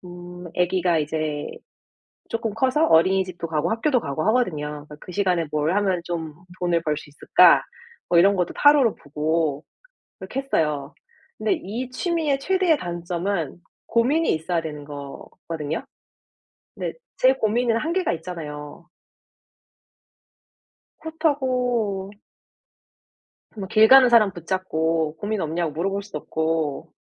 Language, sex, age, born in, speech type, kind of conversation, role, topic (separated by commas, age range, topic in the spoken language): Korean, female, 35-39, South Korea, conversation, advice, user, 바쁜 일정 때문에 취미를 계속하기가 어려울 때 어떻게 해야 하나요?